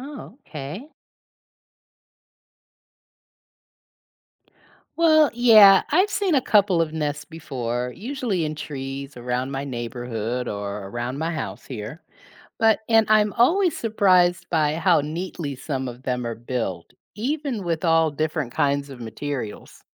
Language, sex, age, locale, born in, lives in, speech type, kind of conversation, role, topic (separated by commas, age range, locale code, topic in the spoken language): English, female, 55-59, en-US, United States, United States, read, expert-assistant, asker, How do birds build their nests?